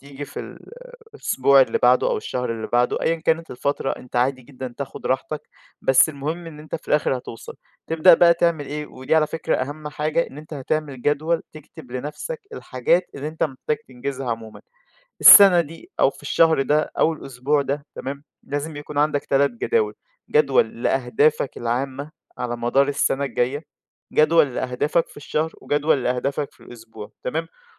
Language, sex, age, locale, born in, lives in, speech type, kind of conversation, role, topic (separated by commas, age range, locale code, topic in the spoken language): Arabic, male, 20-24, ar-EG, Egypt, Egypt, conversation, advice, advisor, إزاي أقدر أحدد أولويات مهامي اليومية؟